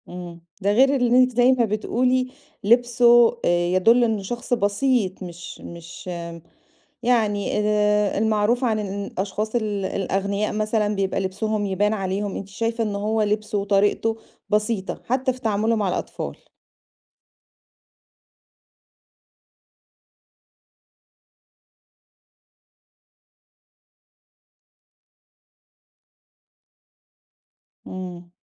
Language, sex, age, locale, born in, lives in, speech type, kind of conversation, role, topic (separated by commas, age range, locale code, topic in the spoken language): Arabic, female, 40-44, ar-EG, Egypt, Greece, conversation, podcast, host, احكيلي عن شخص قابلته وإنت مسافر برا مصر وكان ليه تأثير عليك إزاي؟